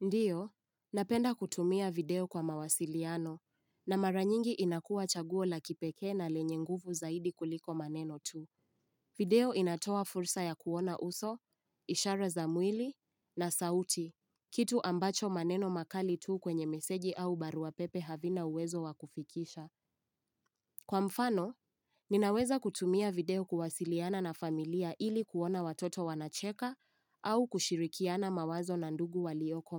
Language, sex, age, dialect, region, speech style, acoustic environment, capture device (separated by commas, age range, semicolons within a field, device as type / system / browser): Swahili, female, 18-24, Kenya - Nairobi Sheng-influenced, Kenya, happy; focused; relaxed, silence, mobile / Linux / Chrome